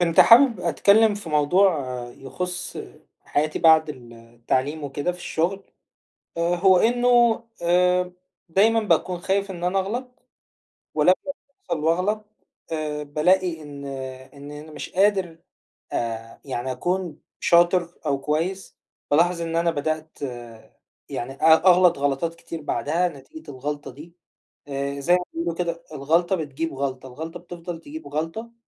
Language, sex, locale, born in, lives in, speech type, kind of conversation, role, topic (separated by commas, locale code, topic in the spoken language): Arabic, male, ar-EG, Egypt, Egypt, conversation, advice, user, إزاي أتعلم أتقبل أخطائي بدل ما أخاف من الفشل كجزء من تقدمي؟